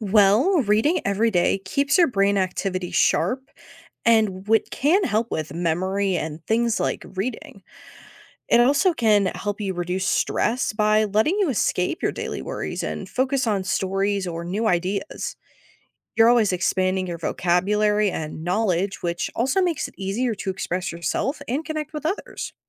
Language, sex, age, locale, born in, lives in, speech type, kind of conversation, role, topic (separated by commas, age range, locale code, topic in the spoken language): English, female, 18-19, en-US, United States, United States, read, expert-assistant, expert, What are the benefits of reading every day?